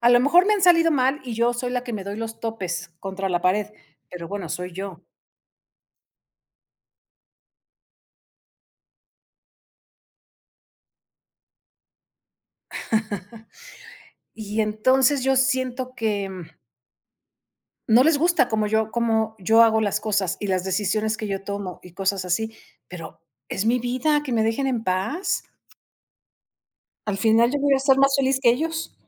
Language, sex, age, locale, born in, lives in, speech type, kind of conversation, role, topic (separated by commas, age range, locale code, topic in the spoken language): Spanish, female, 55-59, es-MX, Mexico, Mexico, conversation, unstructured, none, ¿Qué haces cuando alguien critica tu forma de hacer las cosas?